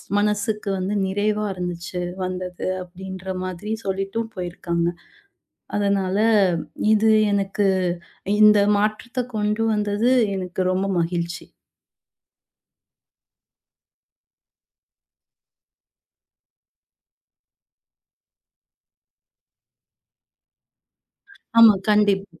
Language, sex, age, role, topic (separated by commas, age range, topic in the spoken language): Tamil, female, 30-34, guest, குடும்ப விருந்தின்போது கைபேசி பயன்படுத்தாமல் இருக்க என்ன விதிமுறைகள் பின்பற்றலாம்?